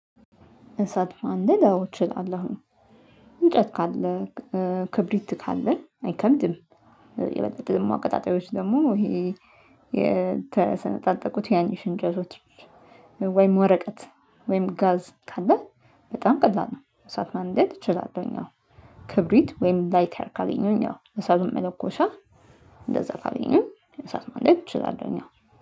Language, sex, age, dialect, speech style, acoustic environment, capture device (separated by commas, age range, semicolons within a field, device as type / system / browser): Amharic, female, 35-44, Ethiopia - Addis Ababa, focused, nature, mobile / Linux / Chrome